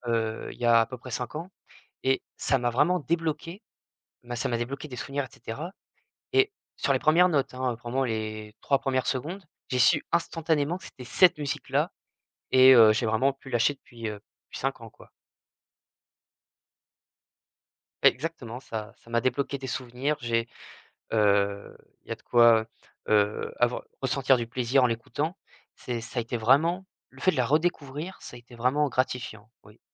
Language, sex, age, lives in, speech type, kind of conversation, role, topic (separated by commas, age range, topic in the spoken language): French, male, 20-24, France, conversation, podcast, guest, Quelle musique te met instantanément de bonne humeur ?